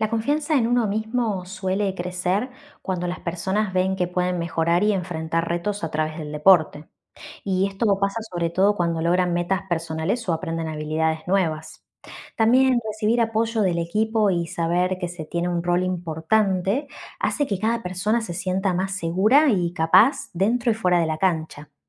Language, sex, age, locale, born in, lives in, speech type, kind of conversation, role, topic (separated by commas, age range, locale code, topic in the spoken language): Spanish, female, 35-39, es-AR, Argentina, Netherlands, read, expert-assistant, expert, La importancia del deporte en la formación de valores